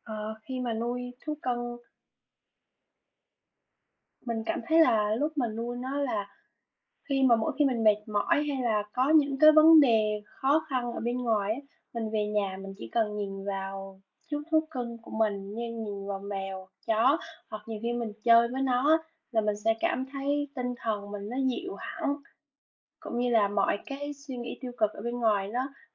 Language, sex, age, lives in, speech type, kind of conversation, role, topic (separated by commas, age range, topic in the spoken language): Vietnamese, female, 20-24, Vietnam, conversation, unstructured, none, Bạn nghĩ sao về việc nuôi thú cưng so với việc không nuôi thú cưng?